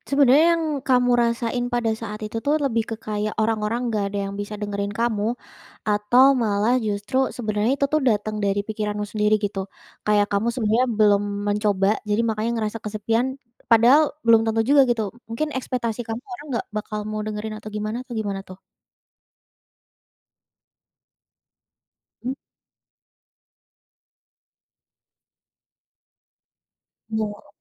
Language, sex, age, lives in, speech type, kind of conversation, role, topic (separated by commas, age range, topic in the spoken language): Indonesian, female, 20-24, Indonesia, conversation, podcast, host, Pernahkah kamu merasa kesepian, dan bagaimana caramu bangkit dari perasaan itu?